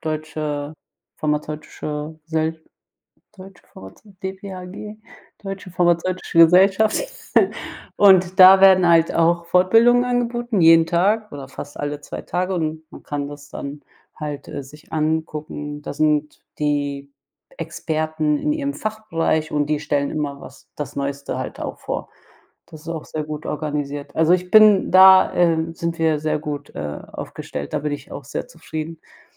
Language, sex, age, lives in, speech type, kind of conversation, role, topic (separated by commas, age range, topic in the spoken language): German, female, 30-34, Germany, conversation, unstructured, none, Sollte die Schule stärker auf praktische Fähigkeiten setzen?